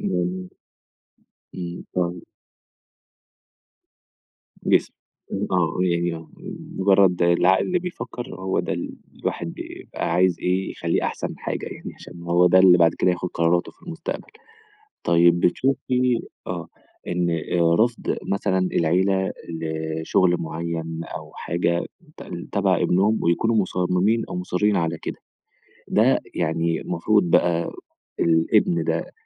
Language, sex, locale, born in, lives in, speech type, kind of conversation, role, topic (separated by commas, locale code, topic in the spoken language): Arabic, male, ar-EG, Egypt, Egypt, conversation, podcast, host, إزاي تتفاهم مع أهلك على اختياراتك في الشغل؟